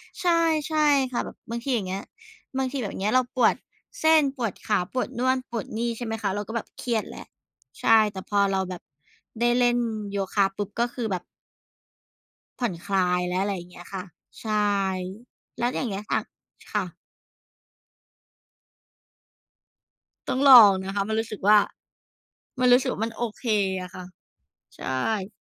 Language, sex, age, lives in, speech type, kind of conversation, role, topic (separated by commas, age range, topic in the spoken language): Thai, female, 35-39, Thailand, conversation, unstructured, none, คุณคิดว่าการออกกำลังกายช่วยบรรเทาความเครียดได้ไหม?